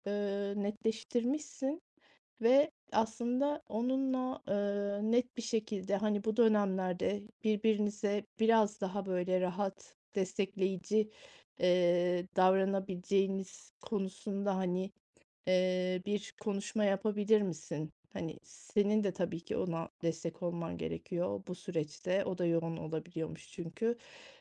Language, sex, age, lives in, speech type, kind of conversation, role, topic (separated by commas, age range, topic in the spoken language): Turkish, female, 40-44, Spain, conversation, advice, advisor, Uzun mesafe ilişkisinin zorlukları nelerdir?